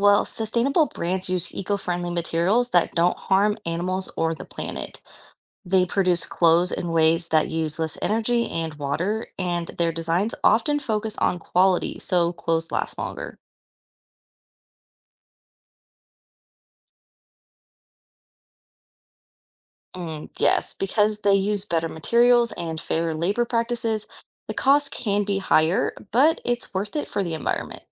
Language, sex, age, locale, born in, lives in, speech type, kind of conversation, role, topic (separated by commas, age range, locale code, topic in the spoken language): English, female, 25-29, en-US, United States, United States, read, expert-assistant, expert, What is fast fashion?